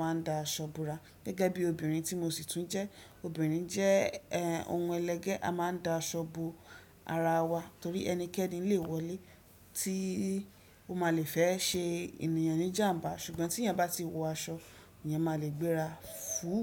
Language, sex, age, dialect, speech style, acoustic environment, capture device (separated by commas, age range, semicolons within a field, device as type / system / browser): Yoruba, female, 25-34, Nigeria - Ibadan, relaxed, nature, desktop / Windows / Chrome